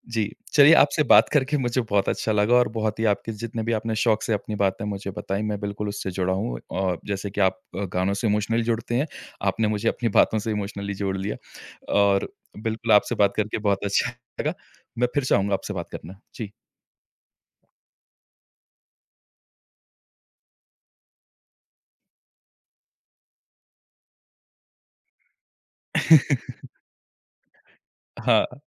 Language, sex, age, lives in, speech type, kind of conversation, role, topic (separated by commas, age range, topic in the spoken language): Hindi, male, 30-34, India, conversation, podcast, host, Kaunse gaane ke bol tumhe jazbaati taur par chhoo jaate hain, aur kyun?